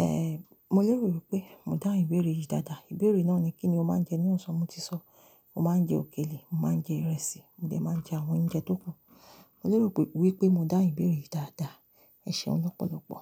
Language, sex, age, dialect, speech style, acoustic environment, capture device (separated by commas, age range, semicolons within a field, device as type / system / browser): Yoruba, female, 35-44, Nigeria - Lagos Yoruba, relaxed, silence, mobile / Linux / Chrome